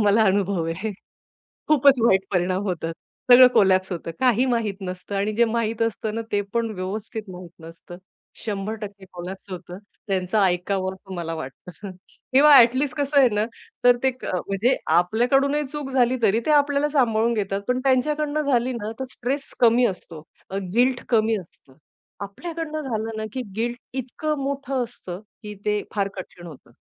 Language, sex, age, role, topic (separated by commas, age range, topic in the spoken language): Marathi, female, 40-44, guest, दीर्घकालीन ध्येय कसे निश्चित करता?